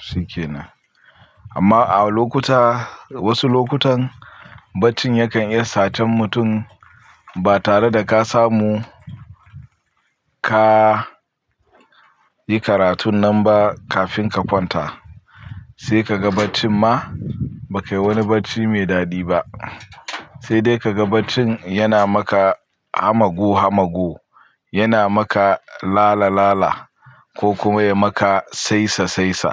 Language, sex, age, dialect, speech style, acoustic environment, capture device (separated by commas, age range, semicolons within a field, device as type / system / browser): Hausa, male, 25-34, Nigeria - Pidgin-influenced, happy; focused, people talking; silence, mobile / Linux / Chrome